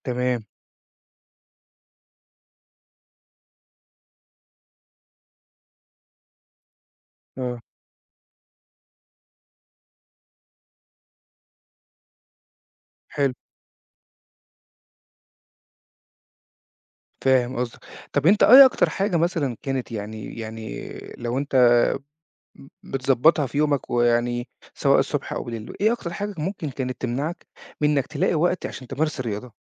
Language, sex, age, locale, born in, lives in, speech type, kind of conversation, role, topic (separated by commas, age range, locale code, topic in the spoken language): Arabic, male, 40-44, ar-EG, Egypt, Portugal, conversation, podcast, host, إزاي بتلاقي وقت للرياضة وسط مشاغلك؟